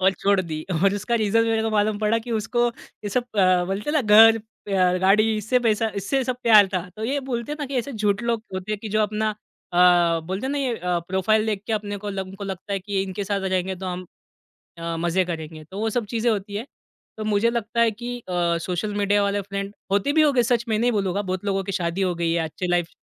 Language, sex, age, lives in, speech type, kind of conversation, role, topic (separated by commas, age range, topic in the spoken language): Hindi, male, 25-29, India, conversation, podcast, guest, Kabhi kisi se pehli baar milke aisa laga jaise woh tumhara purana dost ho?